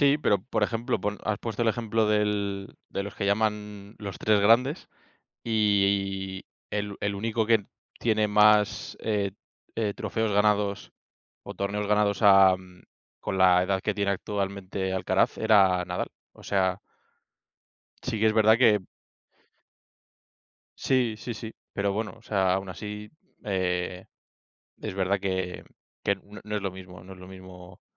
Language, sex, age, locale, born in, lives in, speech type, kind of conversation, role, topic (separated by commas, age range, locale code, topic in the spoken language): Spanish, male, 25-29, es-ES, Spain, Spain, conversation, unstructured, none, ¿Es justo que algunos deportes reciban más atención que otros?